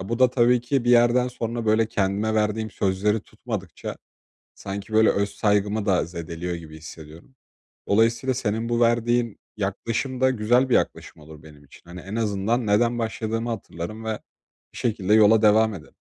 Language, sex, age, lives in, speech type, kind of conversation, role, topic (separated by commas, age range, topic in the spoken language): Turkish, male, 20-24, Poland, conversation, advice, user, Motivasyonum düştüğünde ne yapabilirim ve alternatif planları nasıl oluşturabilirim?